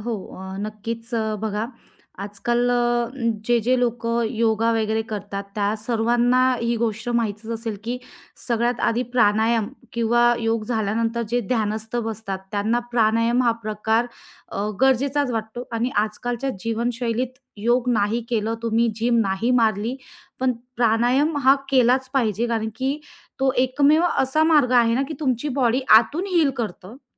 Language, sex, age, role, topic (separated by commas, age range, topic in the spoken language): Marathi, female, 30-34, guest, श्वासावर लक्ष केंद्रित करण्याची सोपी पद्धत तुम्ही कशी सांगाल?